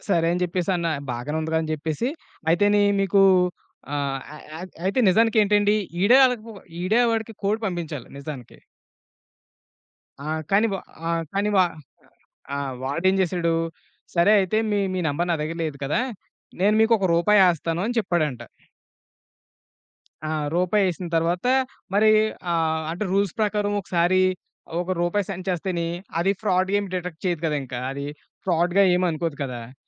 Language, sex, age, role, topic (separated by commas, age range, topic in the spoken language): Telugu, male, 30-34, guest, మీకు డిజిటల్ చెల్లింపులు వాడిన అనుభవం ఎలా ఉంది?